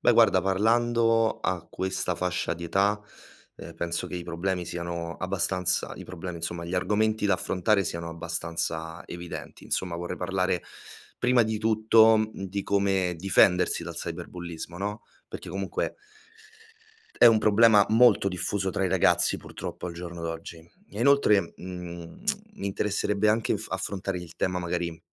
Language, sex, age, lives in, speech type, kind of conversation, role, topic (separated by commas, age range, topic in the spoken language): Italian, male, 25-29, Italy, read, expert-assistant, asker, In che modo si realizza una campagna per la sicurezza online?